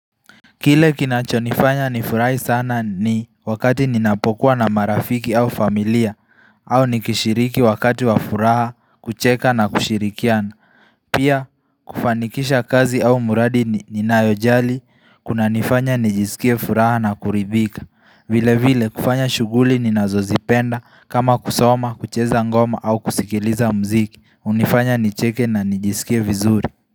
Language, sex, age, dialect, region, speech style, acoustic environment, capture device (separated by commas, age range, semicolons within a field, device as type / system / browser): Swahili, male, 18-24, Kenya - Nairobi Sheng-influenced, Kenya, focused, people talking; music; nature; silence; traffic; appliances, mobile / Linux / Chrome